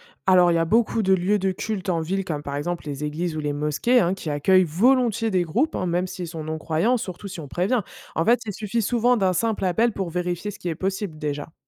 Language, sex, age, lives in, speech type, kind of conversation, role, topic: French, female, 25-29, France, read, expert-assistant, expert, Quelle serait la meilleure façon d’explorer avec des amis les lieux de culte de plusieurs religions dans une grande ville ?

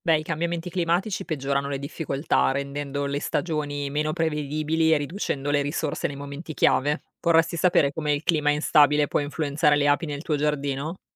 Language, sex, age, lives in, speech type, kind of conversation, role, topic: Italian, female, 35-39, Italy, read, expert-assistant, expert, Cosa potrebbe causare il rapido declino delle api in alcune regioni italiane e quali effetti può avere sull’ecosistema locale?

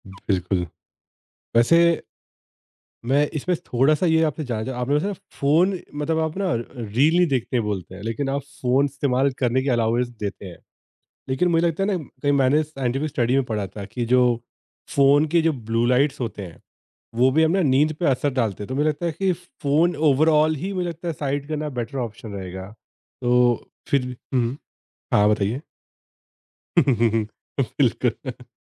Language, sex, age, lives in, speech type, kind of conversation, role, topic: Hindi, male, 30-34, India, conversation, podcast, host, Kya aap sone se pehle phone bed par saath le jaate ho ya sote waqt band kar dete ho, aur kyun?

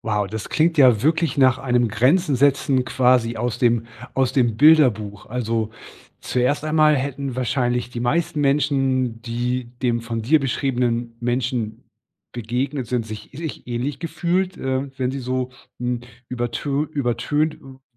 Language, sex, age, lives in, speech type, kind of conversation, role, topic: German, male, 40-44, Germany, conversation, podcast, host, Wie kannst du Grenzen setzen, ohne unsensibel zu wirken?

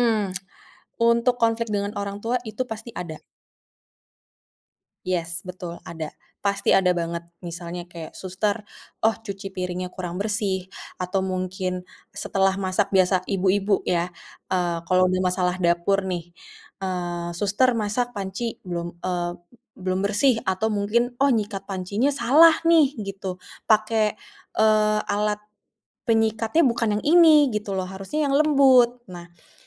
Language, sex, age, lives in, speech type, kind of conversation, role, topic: Indonesian, female, 30-34, Indonesia, conversation, podcast, guest, Gimana pembagian tugas rumah tangga di rumahmu?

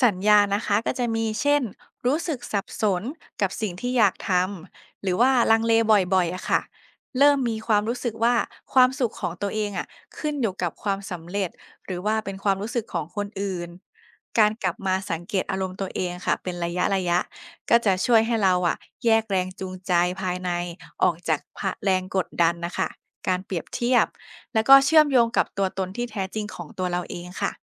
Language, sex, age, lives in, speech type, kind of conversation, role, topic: Thai, female, 25-29, Thailand, read, expert-assistant, expert, การช่วยเหลือผู้อื่นทำให้เรารู้จักตัวเองมากขึ้นได้อย่างไร?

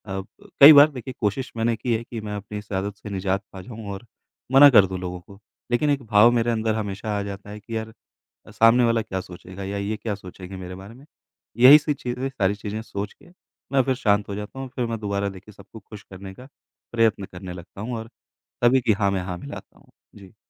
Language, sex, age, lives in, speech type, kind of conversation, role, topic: Hindi, male, 35-39, India, conversation, advice, user, क्या लोगों को खुश रखने के लिए आप बार-बार हाँ कह देते हैं?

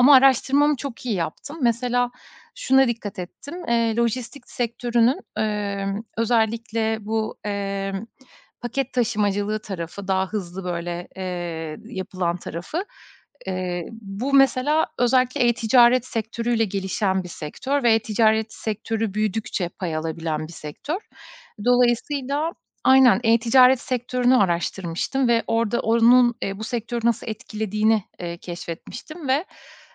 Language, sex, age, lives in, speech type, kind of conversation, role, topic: Turkish, female, 35-39, Estonia, conversation, podcast, guest, Yeni bir sektöre geçerken atacağın ilk adım ne olur?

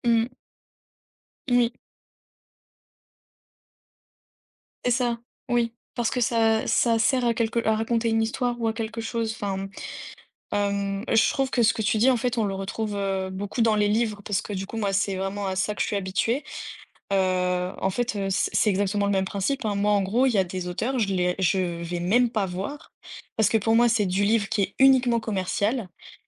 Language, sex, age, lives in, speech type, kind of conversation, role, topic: French, female, 20-24, France, conversation, unstructured, none, Quels critères utilisez-vous pour choisir un film à regarder ?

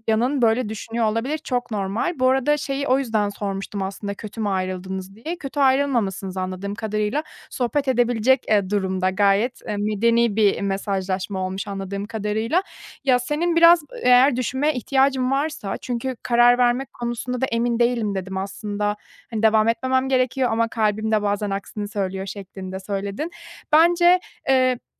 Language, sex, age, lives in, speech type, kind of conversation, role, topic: Turkish, female, 30-34, Germany, conversation, advice, advisor, Yeni bir ilişkide kendime iyi bakarken sağlıklı sınırlarımı nasıl belirleyip koruyabilirim?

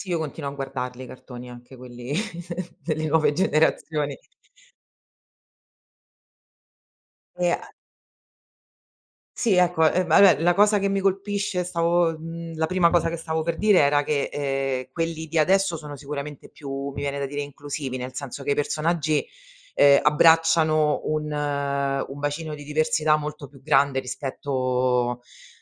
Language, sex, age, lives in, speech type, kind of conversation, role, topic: Italian, female, 35-39, Italy, conversation, podcast, guest, Che effetto ti fa rivedere i programmi che guardavi da bambino?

